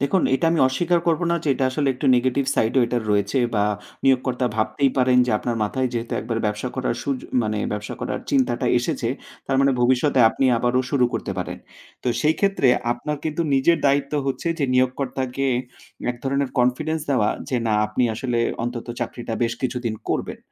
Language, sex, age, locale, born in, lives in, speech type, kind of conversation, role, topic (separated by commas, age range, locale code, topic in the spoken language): Bengali, male, 35-39, bn-BD, Bangladesh, Finland, conversation, podcast, guest, ক্যারিয়ার বিরতির পরে আবার কাজে ফিরলে আপনার কাছে সবচেয়ে চ্যালেঞ্জিং কী লাগে?